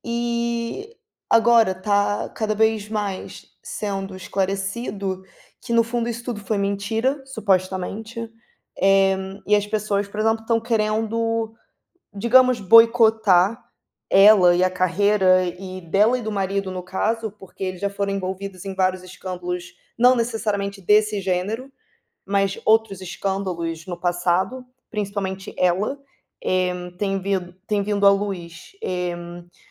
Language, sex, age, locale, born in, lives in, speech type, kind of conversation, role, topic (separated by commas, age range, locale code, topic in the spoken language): Portuguese, female, 25-29, pt-BR, Brazil, Portugal, conversation, unstructured, none, Quando um artista comete erros graves, devemos continuar consumindo a sua obra?